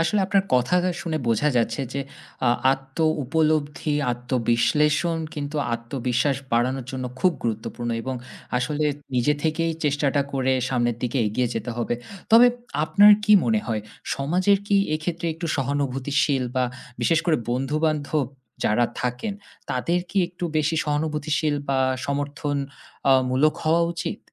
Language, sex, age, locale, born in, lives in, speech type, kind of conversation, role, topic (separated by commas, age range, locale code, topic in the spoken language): Bengali, male, 30-34, bn-BD, Bangladesh, Finland, conversation, podcast, host, কঠিন সময়ের কোনো মুহূর্ত কীভাবে আপনাকে বদলে দিয়েছে?